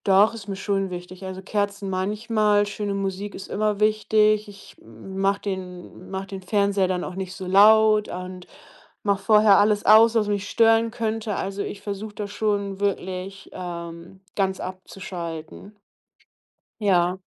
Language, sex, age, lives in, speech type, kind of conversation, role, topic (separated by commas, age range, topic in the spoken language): German, female, 30-34, Germany, conversation, podcast, guest, Was ist dein liebstes Hobby?